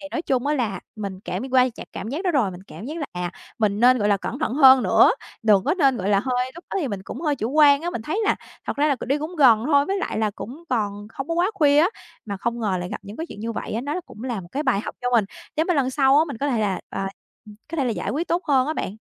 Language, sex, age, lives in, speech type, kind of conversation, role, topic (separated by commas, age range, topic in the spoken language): Vietnamese, female, 25-29, Vietnam, conversation, podcast, guest, Lần đầu bạn đi một mình mang lại cho bạn cảm giác như thế nào?